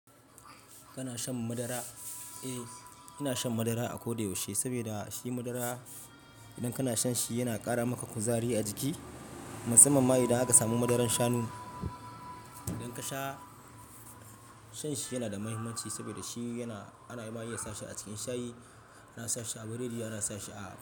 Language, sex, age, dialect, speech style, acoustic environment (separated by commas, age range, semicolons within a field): Hausa, male, 25-34, Nigeria - Ibadan, relaxed, traffic; silence